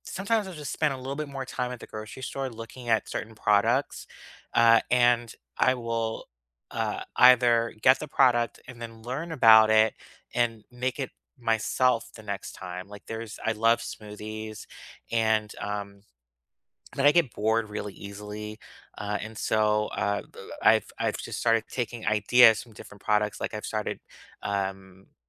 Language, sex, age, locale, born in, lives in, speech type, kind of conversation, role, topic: English, male, 35-39, en-US, United States, United States, conversation, unstructured, none, Which healthy comfort foods do you reach for, and what memories and rituals make them special?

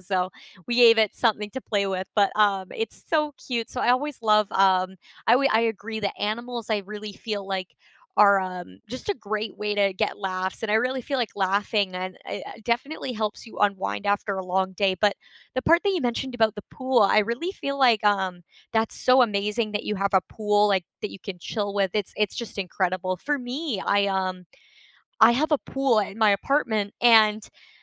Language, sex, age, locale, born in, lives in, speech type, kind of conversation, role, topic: English, female, 20-24, en-CA, Canada, Canada, conversation, unstructured, none, What small rituals help you unwind after a busy day, and how did you discover them?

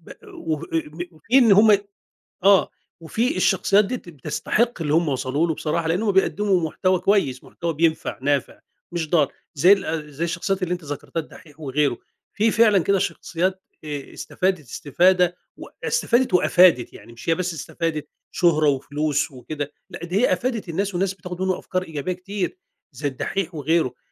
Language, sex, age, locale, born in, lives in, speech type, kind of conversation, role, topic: Arabic, male, 50-54, ar-EG, Egypt, Egypt, conversation, podcast, guest, بتحس إن السوشيال ميديا بتغيّر صورتك عن نفسك؟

